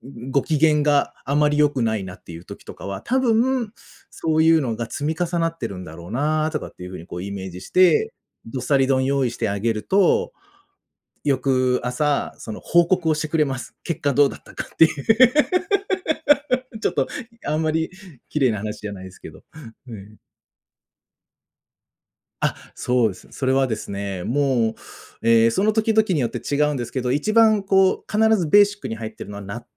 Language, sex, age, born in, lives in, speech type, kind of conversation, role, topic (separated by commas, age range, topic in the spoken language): Japanese, male, 35-39, Japan, Japan, conversation, podcast, guest, 得意な家庭料理について教えてくれますか？